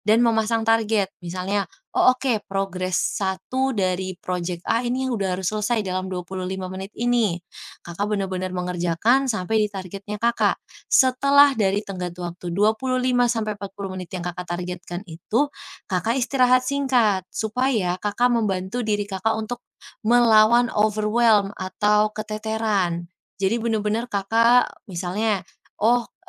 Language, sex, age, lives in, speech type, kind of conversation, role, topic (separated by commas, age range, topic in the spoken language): Indonesian, female, 20-24, Indonesia, conversation, advice, advisor, Bagaimana cara menghadapi tekanan pekerjaan dan tenggat waktu yang menumpuk?